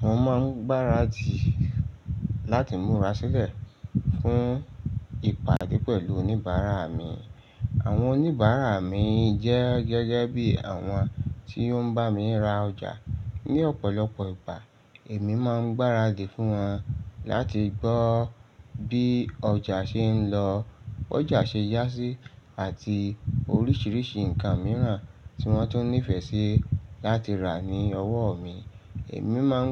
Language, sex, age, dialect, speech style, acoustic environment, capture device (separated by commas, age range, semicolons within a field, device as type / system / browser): Yoruba, male, 35-44, Nigeria - Lagos Yoruba, excited; focused, appliances; nature; silence, mobile / Linux / Chrome